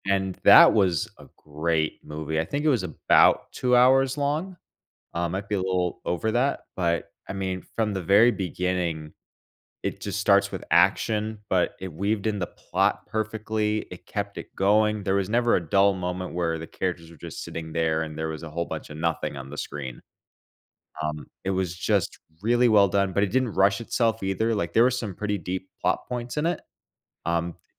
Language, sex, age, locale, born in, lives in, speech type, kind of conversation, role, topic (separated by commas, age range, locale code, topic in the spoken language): English, male, 25-29, en-US, United States, United States, conversation, unstructured, none, Which movie’s pacing should I study to improve my scene rhythm?